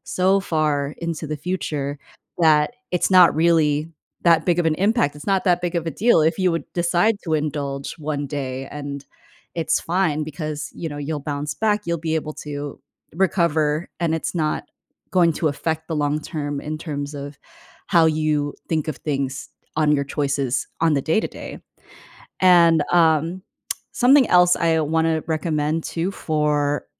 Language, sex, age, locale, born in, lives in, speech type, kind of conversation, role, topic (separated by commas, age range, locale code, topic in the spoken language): English, female, 25-29, en-US, United States, United States, conversation, advice, advisor, How can I decide on a major choice when I'm feeling unsure?